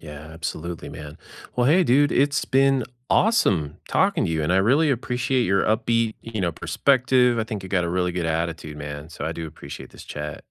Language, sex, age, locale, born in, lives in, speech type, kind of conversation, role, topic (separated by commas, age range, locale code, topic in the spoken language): English, male, 50-54, en-US, United States, United States, conversation, unstructured, none, What’s a conversation you can’t forget, and how did it shape you?